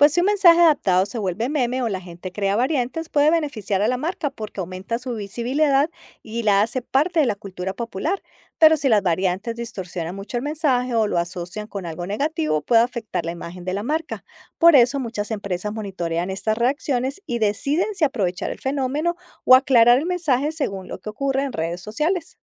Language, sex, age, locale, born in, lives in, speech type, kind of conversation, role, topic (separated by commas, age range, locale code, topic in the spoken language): Spanish, female, 50-54, es-CO, Colombia, United States, read, expert-assistant, expert, ¿Realmente existe un 'español neutro' que todos los hablantes de la región entienden sin problema?